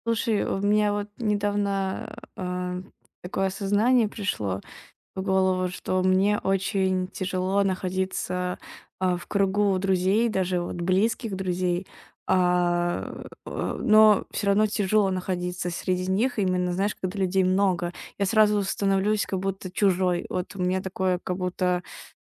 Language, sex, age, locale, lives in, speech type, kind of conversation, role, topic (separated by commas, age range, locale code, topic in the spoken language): Russian, female, 20-24, ru-RU, Estonia, conversation, advice, user, Почему я чувствую себя исключённым на дружеских встречах и как мне с этим справиться?